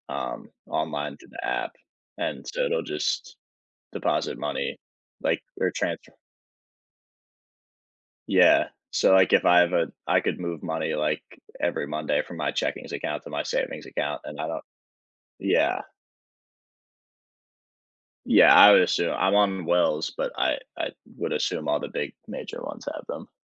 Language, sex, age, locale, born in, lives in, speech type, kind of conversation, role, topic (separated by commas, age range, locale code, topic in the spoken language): English, male, 20-24, en-US, United States, United States, conversation, podcast, guest, What steps can help someone create and achieve meaningful financial goals?